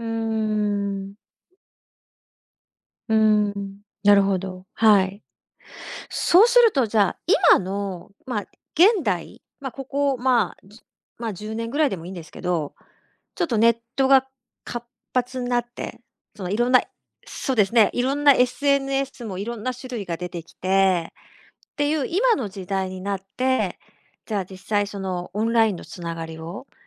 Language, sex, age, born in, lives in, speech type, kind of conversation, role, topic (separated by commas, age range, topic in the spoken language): Japanese, female, 50-54, Japan, Japan, conversation, podcast, host, オンラインでつながった相手と実際に会うのは、どのタイミングがよいですか？